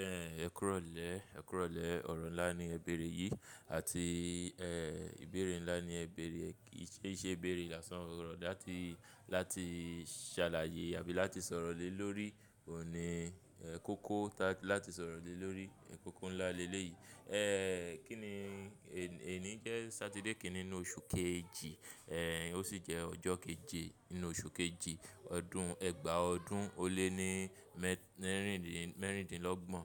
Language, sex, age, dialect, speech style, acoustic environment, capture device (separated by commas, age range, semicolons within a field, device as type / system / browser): Yoruba, male, 25-34, Nigeria - Lagos Yoruba, focused, people talking; traffic, mobile / Linux / Chrome